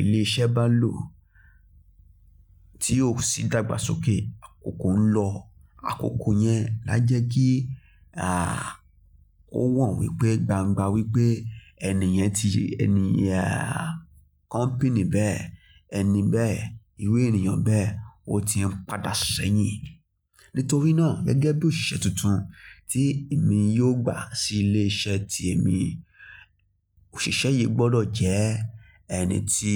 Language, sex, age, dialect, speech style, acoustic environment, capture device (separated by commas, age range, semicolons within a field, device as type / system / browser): Yoruba, male, 25-34, Nigeria - Lagos Yoruba, focused, nature, mobile / Linux / Chrome